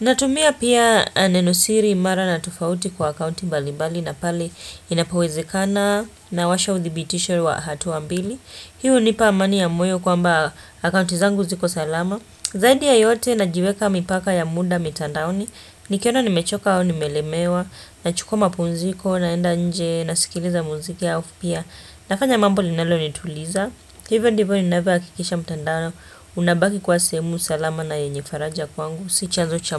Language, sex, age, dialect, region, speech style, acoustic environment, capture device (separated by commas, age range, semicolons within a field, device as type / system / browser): Swahili, female, 25-34, Kenya - Mombasa, Kenya, relaxed, silence, desktop / Windows / Chrome